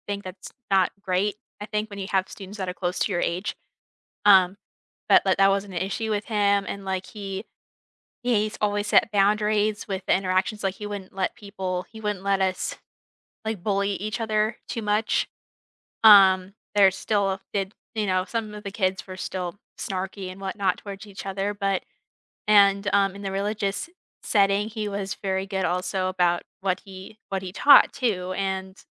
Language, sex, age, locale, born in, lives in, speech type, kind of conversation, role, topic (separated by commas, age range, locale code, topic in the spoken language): English, female, 20-24, en-US, United States, United States, conversation, unstructured, none, How do you manage the pain when grief feels unfair?